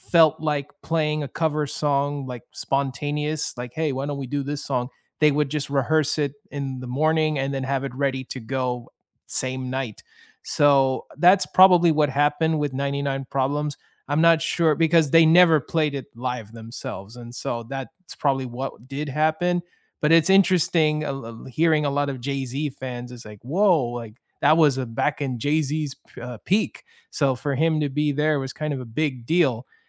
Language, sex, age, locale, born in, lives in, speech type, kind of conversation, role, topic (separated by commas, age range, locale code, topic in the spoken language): English, male, 30-34, en-US, United States, United States, conversation, unstructured, none, What would your dream concert lineup be with artists from any era?